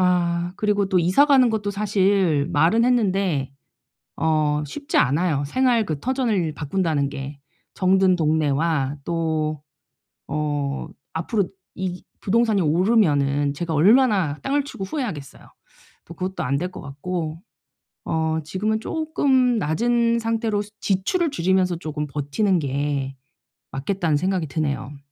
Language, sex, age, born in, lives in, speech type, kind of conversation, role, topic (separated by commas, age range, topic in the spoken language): Korean, female, 45-49, South Korea, South Korea, conversation, advice, user, 사업 예산을 더 잘 관리하고 최적화하려면 무엇부터 시작해야 하나요?